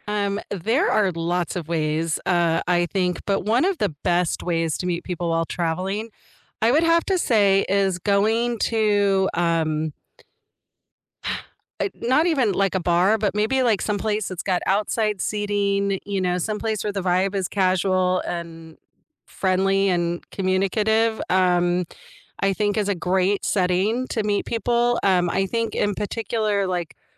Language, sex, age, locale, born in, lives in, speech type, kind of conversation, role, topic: English, female, 45-49, en-US, United States, United States, conversation, unstructured, none, What’s the best way to meet new people while traveling?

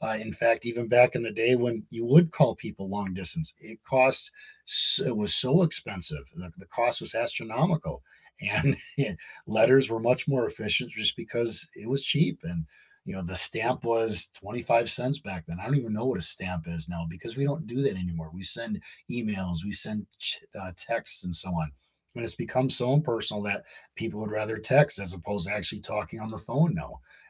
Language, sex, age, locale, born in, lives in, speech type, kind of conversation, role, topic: English, male, 45-49, en-US, United States, United States, conversation, unstructured, none, How do inventions change the way we live?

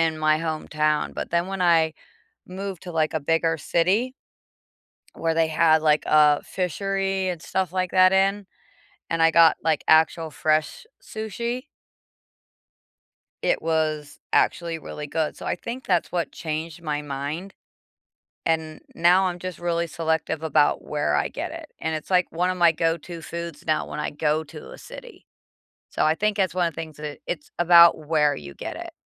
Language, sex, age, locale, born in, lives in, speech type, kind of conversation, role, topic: English, female, 45-49, en-US, United States, United States, conversation, unstructured, none, What’s a food you once disliked but now love, and what changed your mind?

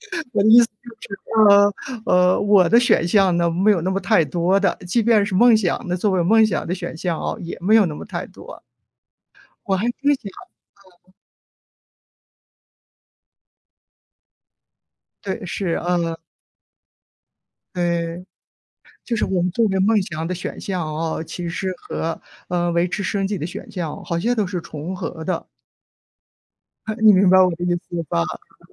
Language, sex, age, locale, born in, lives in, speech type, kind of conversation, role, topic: Chinese, female, 55-59, zh-CN, China, United States, conversation, unstructured, none, 你觉得追求梦想更重要，还是面对现实更重要？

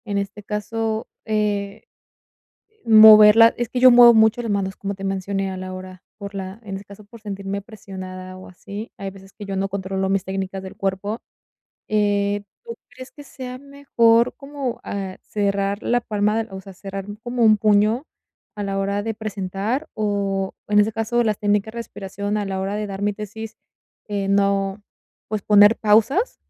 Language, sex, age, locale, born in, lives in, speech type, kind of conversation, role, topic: Spanish, female, 20-24, es-MX, Mexico, Mexico, conversation, advice, user, ¿Cómo puedo reducir la ansiedad antes de un evento importante?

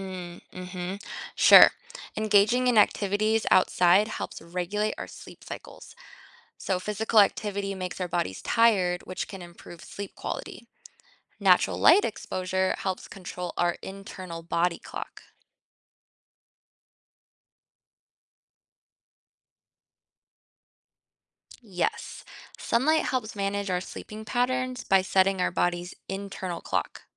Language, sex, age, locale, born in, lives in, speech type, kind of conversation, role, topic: English, female, 25-29, en-US, United States, United States, read, expert-assistant, expert, What are the benefits of outdoor activities for health?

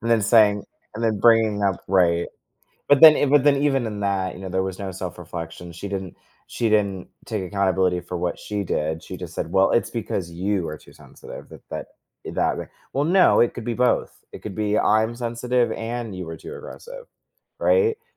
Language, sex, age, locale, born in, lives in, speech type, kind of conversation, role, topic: English, male, 20-24, en-US, United States, United States, conversation, podcast, guest, What helps maintain strong friendships when disagreements arise?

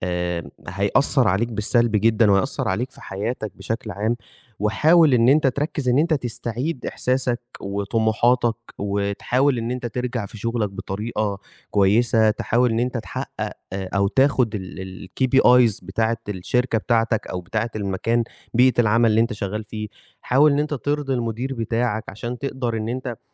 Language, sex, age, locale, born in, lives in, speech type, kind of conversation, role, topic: Arabic, male, 20-24, ar-EG, Egypt, Egypt, conversation, advice, advisor, إزاي أبدأ أستعيد إحساسي بنفسي وباتجاهي في حياتي بعد الانفصال؟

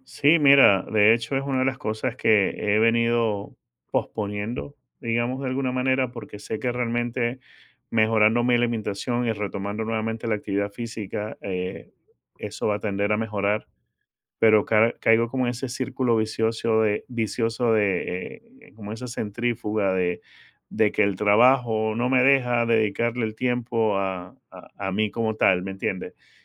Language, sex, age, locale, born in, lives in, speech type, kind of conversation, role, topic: Spanish, male, 50-54, es-VE, Venezuela, United States, conversation, advice, user, ¿De qué manera dejas pasar oportunidades por miedo a que te juzguen en redes sociales?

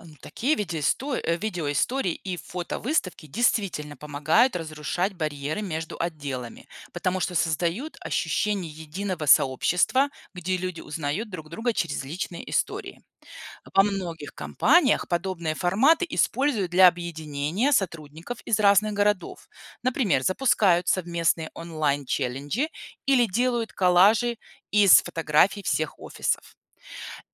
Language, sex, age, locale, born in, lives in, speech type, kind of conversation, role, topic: Russian, female, 40-44, ru-RU, Belarus, United States, read, expert-assistant, expert, Какими новыми способами можно вдохновлять людей заботиться о своём здоровье в современном мире?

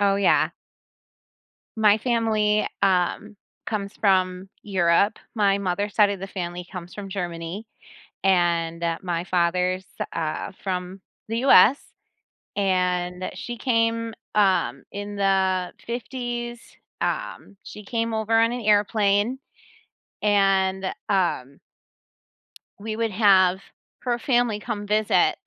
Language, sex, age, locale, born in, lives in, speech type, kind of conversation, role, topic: English, female, 40-44, en-US, United States, United States, conversation, podcast, guest, How have major inventions shaped the way we live today?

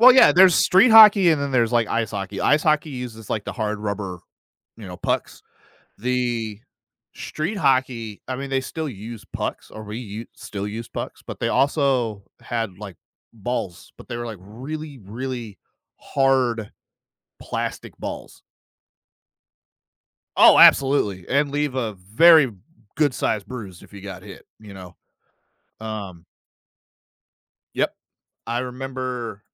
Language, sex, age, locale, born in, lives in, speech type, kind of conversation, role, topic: English, male, 30-34, en-US, United States, United States, conversation, podcast, guest, How do happy childhood memories continue to shape us as adults?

